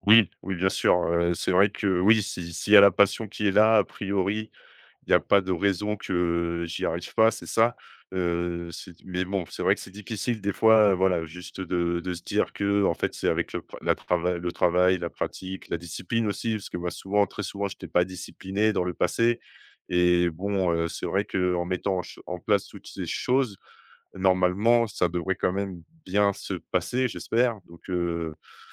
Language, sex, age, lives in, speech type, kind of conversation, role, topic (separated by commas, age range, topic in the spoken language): French, male, 30-34, France, conversation, advice, user, Comment le fait de te comparer aux autres t’a‑t‑il fait perdre confiance dans ta créativité ?